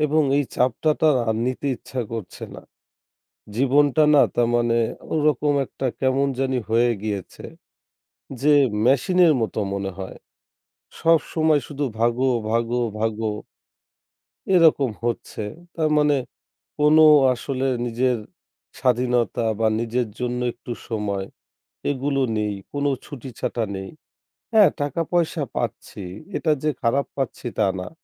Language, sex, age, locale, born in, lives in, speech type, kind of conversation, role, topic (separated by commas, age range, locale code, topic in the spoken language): Bengali, male, 25-29, bn-BD, Bangladesh, Bangladesh, conversation, advice, user, আপনি কি পুরো ক্যারিয়ার বদলানোর কথা ভাবছেন?